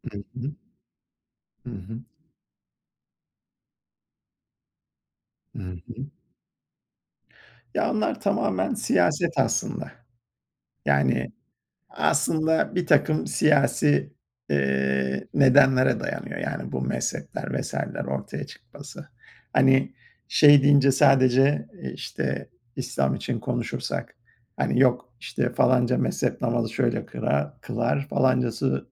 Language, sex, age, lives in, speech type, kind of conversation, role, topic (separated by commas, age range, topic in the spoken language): Turkish, male, 50-54, United States, conversation, unstructured, none, Din, insanları neden bazen ikiye böler?